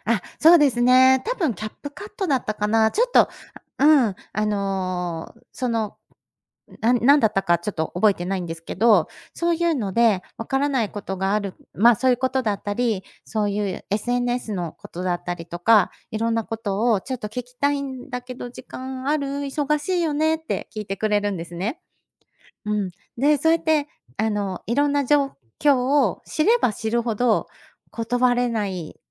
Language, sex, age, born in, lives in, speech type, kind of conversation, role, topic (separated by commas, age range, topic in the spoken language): Japanese, female, 50-54, Japan, Japan, conversation, advice, user, 親しい友人からの頼みごとを断れずに困っているのですが、どうすれば上手に断れるようになりますか？